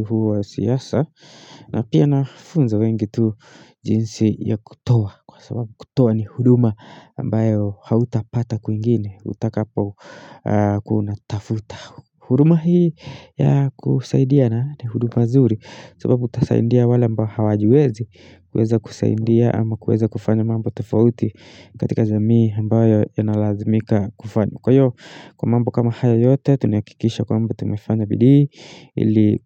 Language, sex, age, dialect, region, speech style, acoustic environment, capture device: Swahili, male, 25-34, Kenya - Nairobi Sheng-influenced, Kenya, happy; tired; focused, silence, mobile / Linux / Chrome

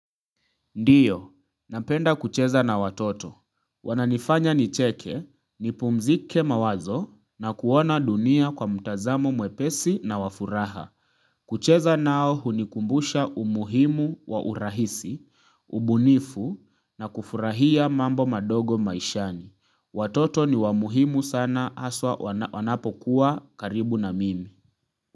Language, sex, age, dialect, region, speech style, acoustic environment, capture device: Swahili, male, 25-34, Kenya - Nairobi Sheng-influenced, Kenya, relaxed; focused, nature; silence, mobile / Linux / Chrome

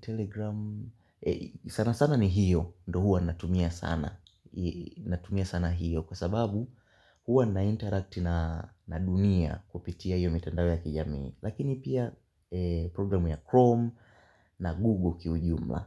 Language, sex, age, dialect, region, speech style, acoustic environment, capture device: Swahili, male, 18-24, Tanzania - Dar es Salaam, Tanzania, focused, nature, mobile / Linux / Chrome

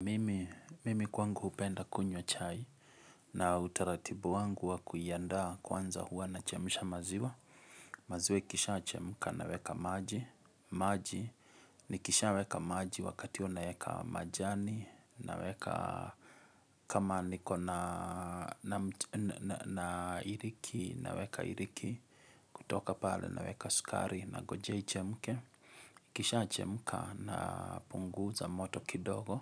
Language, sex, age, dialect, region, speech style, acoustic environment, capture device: Swahili, male, 35-44, Kenya - Kiambu, Kenya, nervous, nature, mobile / Linux / Chrome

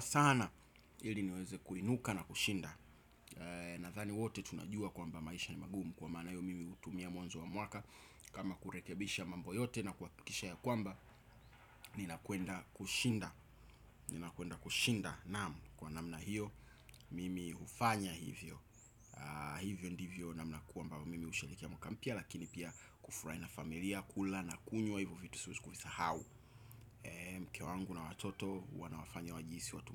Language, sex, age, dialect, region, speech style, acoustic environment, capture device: Swahili, male, 25-34, Tanzania - Dar es Salaam, Tanzania, excited, appliances; construction; nature; people talking; traffic, desktop / Windows / Chrome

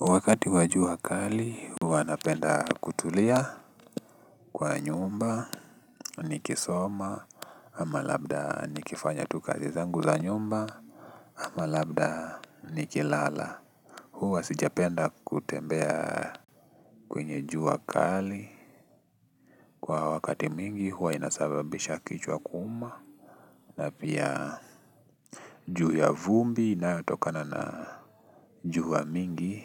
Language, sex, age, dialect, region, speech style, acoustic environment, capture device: Swahili, male, 18-24, Kenya - Nairobi Sheng-influenced, Kenya, relaxed, nature, desktop / Linux / Chrome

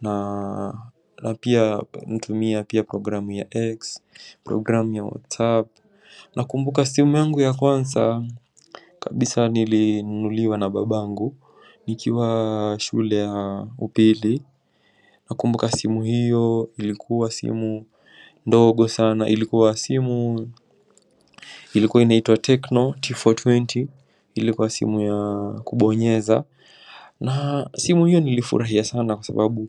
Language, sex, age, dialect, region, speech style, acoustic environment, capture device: Swahili, male, 18-24, Kenya - Mombasa, Kenya, relaxed; focused, construction, mobile / macOS / Safari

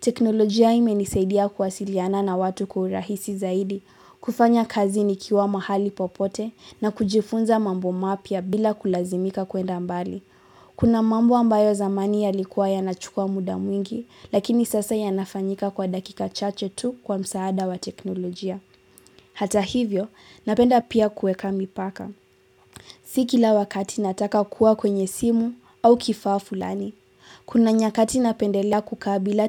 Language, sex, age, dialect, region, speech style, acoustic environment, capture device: Swahili, female, 18-24, Kenya - Nairobi Sheng-influenced, Kenya, relaxed, silence; appliances, mobile / Linux / Chrome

